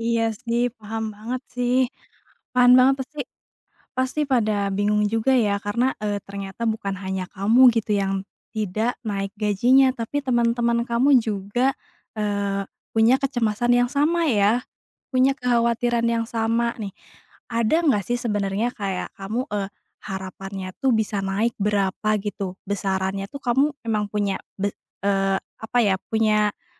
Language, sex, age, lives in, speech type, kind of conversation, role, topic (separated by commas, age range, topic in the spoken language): Indonesian, female, 30-34, Indonesia, conversation, advice, advisor, Bagaimana cara menegosiasikan kenaikan gaji setelah bekerja lama di perusahaan?